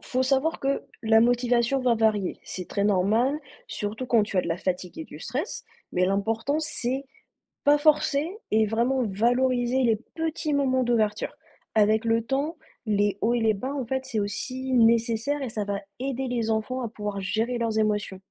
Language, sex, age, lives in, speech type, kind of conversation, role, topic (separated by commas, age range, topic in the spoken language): French, female, 30-34, France, read, expert-assistant, expert, Comment expliquer que les élèves décrochent rapidement sur une nouvelle plateforme éducative ?